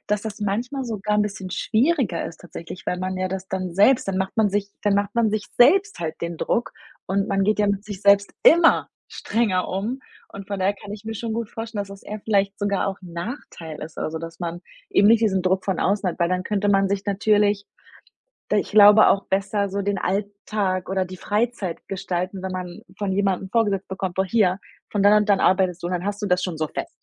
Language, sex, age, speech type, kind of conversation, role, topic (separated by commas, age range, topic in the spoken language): German, female, 25-29, conversation, advice, advisor, Welche Schwierigkeiten hast du damit, klare Grenzen zwischen Job und Freizeit zu setzen?